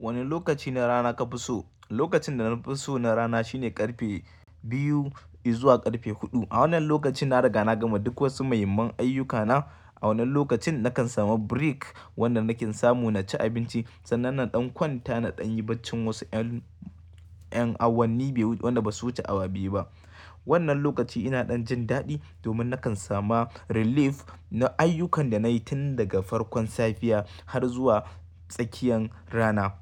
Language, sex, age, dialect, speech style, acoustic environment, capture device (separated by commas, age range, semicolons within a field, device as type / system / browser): Hausa, male, 25-34, Nigeria - Kano, happy, silence, mobile / Linux / Chrome